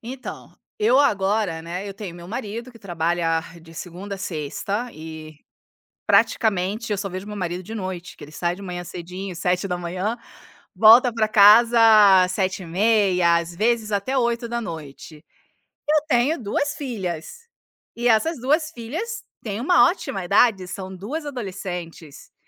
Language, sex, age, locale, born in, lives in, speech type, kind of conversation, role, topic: Portuguese, female, 40-44, pt-BR, Brazil, Italy, conversation, podcast, guest, Como você divide as tarefas domésticas com quem mora com você?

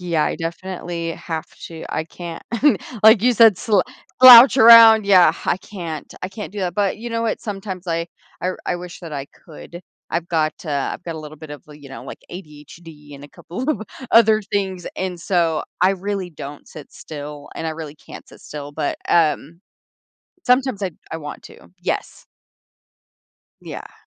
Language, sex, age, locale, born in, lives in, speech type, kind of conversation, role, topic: English, female, 25-29, en-US, United States, United States, conversation, podcast, guest, How do you create a morning routine that sets a positive tone?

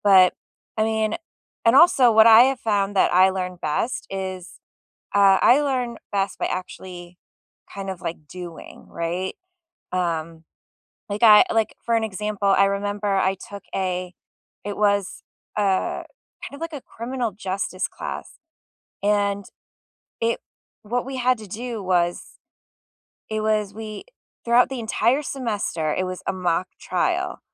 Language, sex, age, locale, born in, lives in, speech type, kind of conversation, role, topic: English, female, 35-39, en-US, United States, United States, conversation, unstructured, none, How do you learn best?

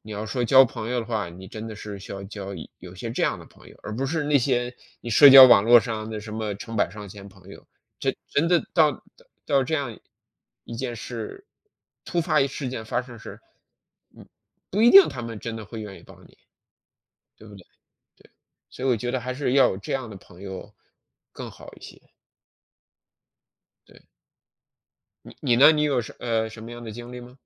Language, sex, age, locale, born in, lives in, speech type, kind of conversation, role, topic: Chinese, male, 40-44, zh-CN, China, United States, conversation, unstructured, none, 你觉得怎样才算是好的朋友？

